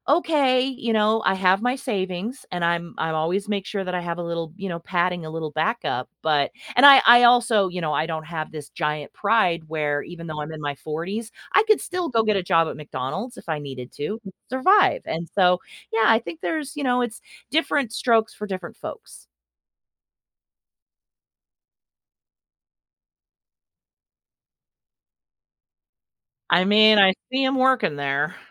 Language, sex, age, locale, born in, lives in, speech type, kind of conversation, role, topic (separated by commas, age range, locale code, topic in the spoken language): English, female, 45-49, en-US, United States, United States, conversation, podcast, guest, What helps you stay calm and make good decisions when you’re under pressure?